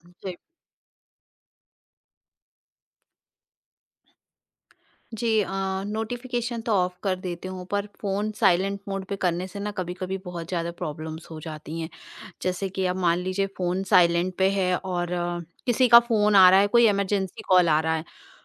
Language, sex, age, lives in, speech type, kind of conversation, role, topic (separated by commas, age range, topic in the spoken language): Hindi, female, 40-44, India, conversation, podcast, guest, Ghar par phone aur samajik madhyam ka istemal aap kaise niyantrit karte hain?